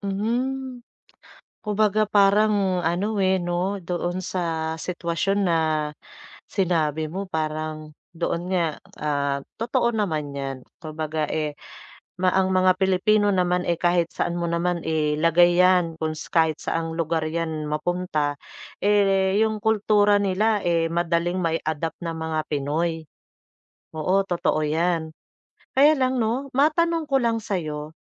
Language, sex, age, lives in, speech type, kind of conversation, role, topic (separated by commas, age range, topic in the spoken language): Filipino, female, 40-44, Philippines, conversation, advice, advisor, Paano ako makakasabay sa lokal na kultura kung pakiramdam ko ay naiiba ako?